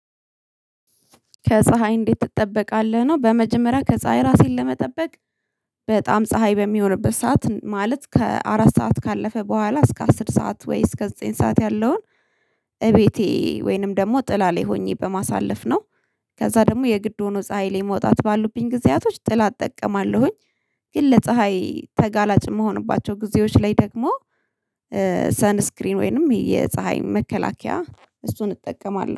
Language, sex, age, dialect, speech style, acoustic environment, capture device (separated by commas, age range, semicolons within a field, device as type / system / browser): Amharic, female, 25-34, Ethiopia - Addis Ababa, happy; relaxed; excited; focused, silence, mobile / Linux / Chrome